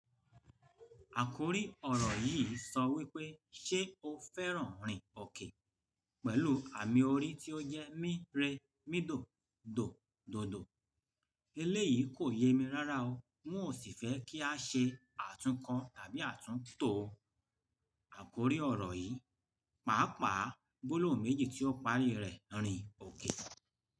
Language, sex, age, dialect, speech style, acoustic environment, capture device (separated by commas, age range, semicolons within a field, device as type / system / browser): Yoruba, male, 45-54, Nigeria - Lagos Yoruba, relaxed, nature; appliances; people talking, desktop / Linux / Chrome